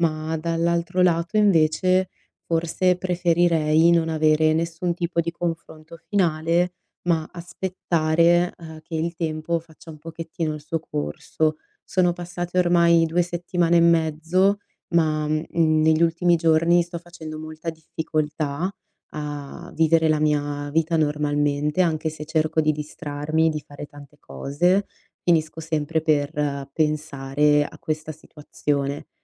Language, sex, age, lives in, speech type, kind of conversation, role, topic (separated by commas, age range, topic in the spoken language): Italian, female, 25-29, Italy, conversation, advice, user, Come posso trovare una chiusura anche senza un confronto finale?